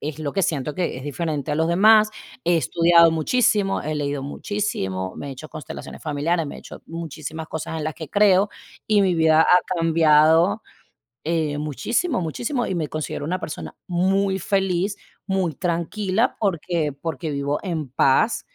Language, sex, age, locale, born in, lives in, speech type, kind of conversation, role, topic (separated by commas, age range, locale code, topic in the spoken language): Spanish, female, 35-39, es-VE, Venezuela, United States, conversation, unstructured, none, ¿Alguna vez te has sentido diferente a los demás?